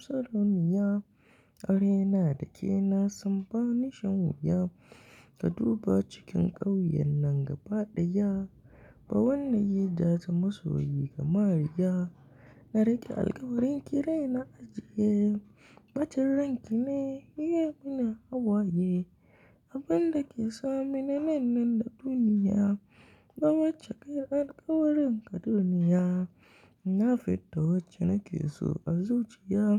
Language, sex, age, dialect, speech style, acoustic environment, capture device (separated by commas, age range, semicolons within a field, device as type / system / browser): Hausa, male, 18-24, Nigeria - Kano, happy, appliances, mobile / Linux / Chrome